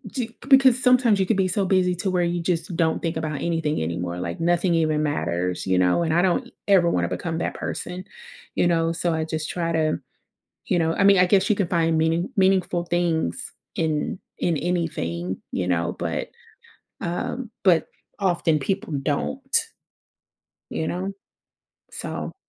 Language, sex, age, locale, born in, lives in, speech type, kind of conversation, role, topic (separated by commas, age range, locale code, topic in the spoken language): English, female, 30-34, en-US, United States, United States, conversation, advice, user, How can I find more meaning and purpose in my life?